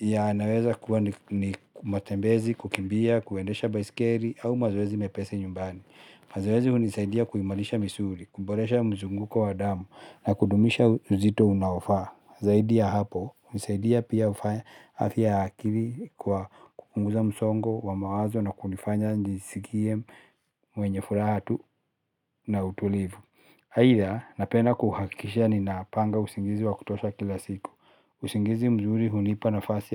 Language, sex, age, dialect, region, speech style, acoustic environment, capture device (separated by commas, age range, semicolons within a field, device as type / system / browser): Swahili, male, 35-44, Kenya - Nairobi Sheng-influenced, Kenya, happy, silence, mobile / Linux / Chrome